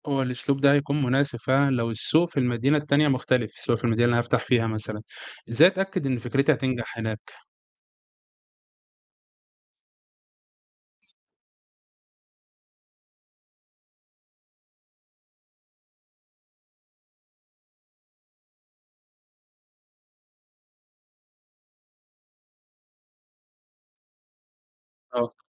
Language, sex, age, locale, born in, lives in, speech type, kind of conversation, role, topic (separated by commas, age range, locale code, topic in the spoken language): Arabic, male, 20-24, ar-EG, Egypt, Egypt, read, expert-assistant, asker, مين أنسب أكتر للشركات اللي لسه بتبدأ: استراتيجية التركيز على سوق واحد ولا التوسع في كذا سوق في نفس الوقت؟